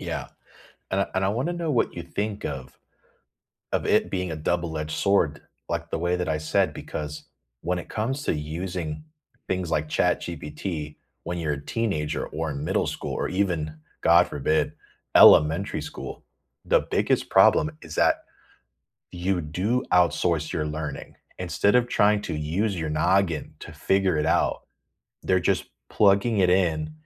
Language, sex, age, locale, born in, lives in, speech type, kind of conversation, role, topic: English, male, 30-34, en-US, United States, United States, conversation, unstructured, none, What is the last thing you learned that made your life easier?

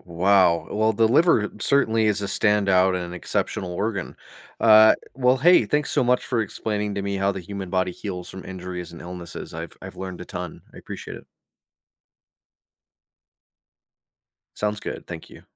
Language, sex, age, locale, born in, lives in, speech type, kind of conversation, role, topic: English, male, 30-34, en-US, United States, United States, read, expert-assistant, asker, How does the body heal from injuries and illness?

